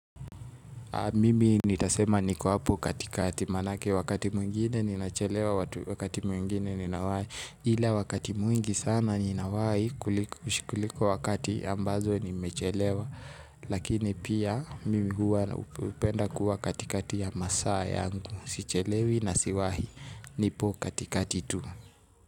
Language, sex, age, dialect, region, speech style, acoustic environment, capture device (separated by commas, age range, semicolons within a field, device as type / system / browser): Swahili, male, 18-24, Kenya - Nairobi Sheng-influenced, Kenya, excited, music, mobile / Linux / Chrome